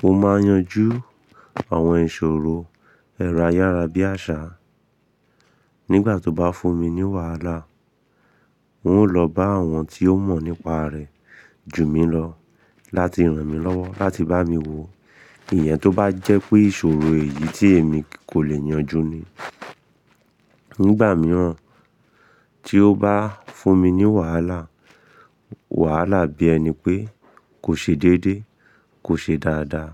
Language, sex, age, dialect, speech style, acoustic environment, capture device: Yoruba, male, 25-34, Nigeria - Ibadan, excited, silence, mobile / Linux / Chrome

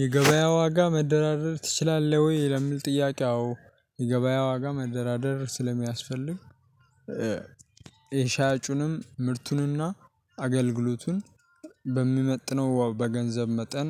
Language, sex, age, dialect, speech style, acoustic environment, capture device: Amharic, male, 18-24, Ethiopia - Addis Ababa, happy, nature, mobile / Linux / Chrome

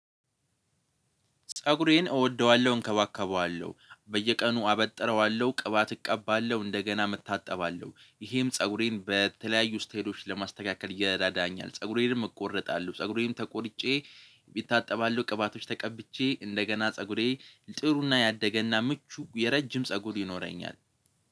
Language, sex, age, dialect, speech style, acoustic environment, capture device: Amharic, male, 18-24, Ethiopia - Addis Ababa, focused, nature, mobile / Linux / Chrome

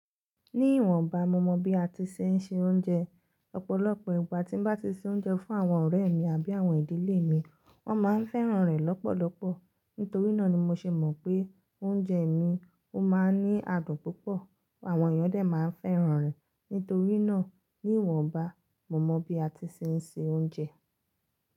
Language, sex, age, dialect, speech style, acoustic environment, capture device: Yoruba, female, 25-34, Nigeria - Lagos Yoruba, relaxed, silence, mobile / Linux / Chrome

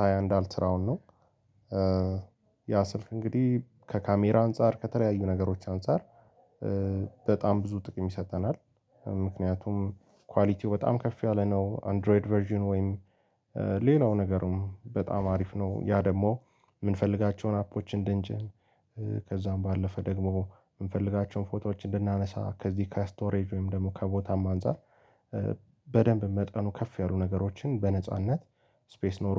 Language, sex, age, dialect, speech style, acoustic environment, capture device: Amharic, male, 18-24, Ethiopia - Addis Ababa, happy; anxious; tired; relaxed, people talking; music; nature, mobile / Linux / Chrome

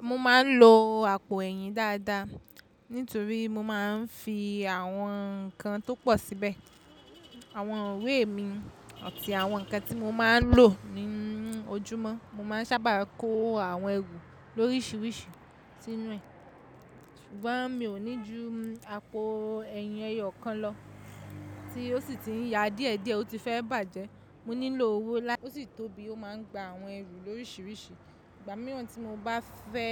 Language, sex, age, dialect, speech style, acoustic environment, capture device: Yoruba, female, 25-34, Nigeria - Lagos Yoruba, relaxed, silence, mobile / macOS / Safari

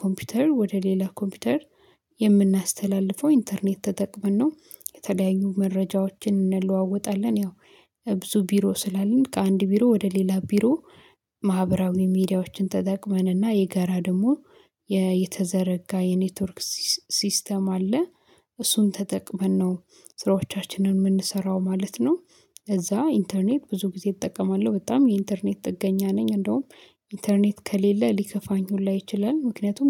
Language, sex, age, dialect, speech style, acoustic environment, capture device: Amharic, female, 25-34, Ethiopia - Addis Ababa, excited; happy, silence, mobile / Linux / Chrome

